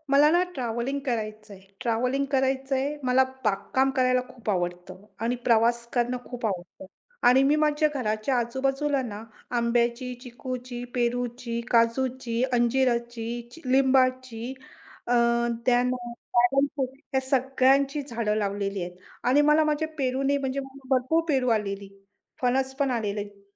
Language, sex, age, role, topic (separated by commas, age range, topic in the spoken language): Marathi, female, 45-49, guest, नवीन कौशल्य शिकल्यामुळे तुमच्या आयुष्यात काय बदल घडला?